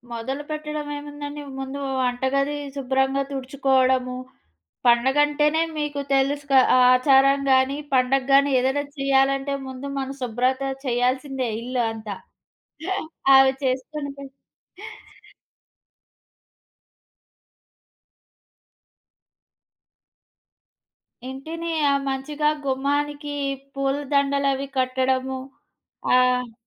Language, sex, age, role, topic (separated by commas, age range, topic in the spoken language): Telugu, female, 25-29, guest, మీ ఇంట్లో తరతరాలుగా కొనసాగుతున్న ఆచారం ఏది?